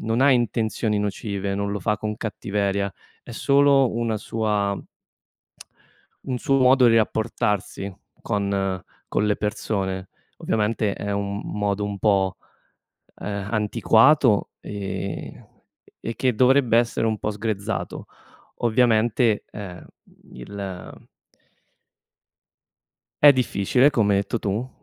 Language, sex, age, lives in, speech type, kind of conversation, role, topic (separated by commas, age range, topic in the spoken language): Italian, male, 25-29, Italy, conversation, advice, advisor, Come posso dire a un familiare che una sua battuta mi ha ferito?